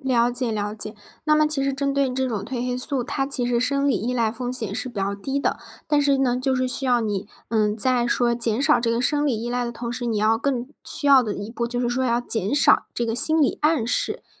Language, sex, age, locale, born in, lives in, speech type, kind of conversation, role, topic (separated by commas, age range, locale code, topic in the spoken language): Chinese, female, 20-24, zh-CN, China, Germany, conversation, advice, advisor, 你担心长期依赖安眠药或睡眠辅助品吗？